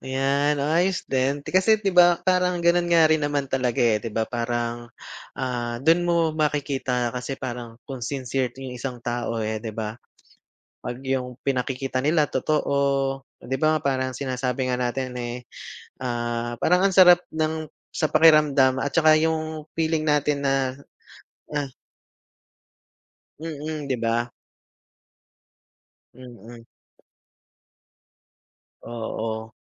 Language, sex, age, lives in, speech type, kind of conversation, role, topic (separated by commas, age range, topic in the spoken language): Filipino, male, 35-39, Philippines, conversation, unstructured, none, Paano mo malalaman kung totoo ang pagmamahal ng isang tao?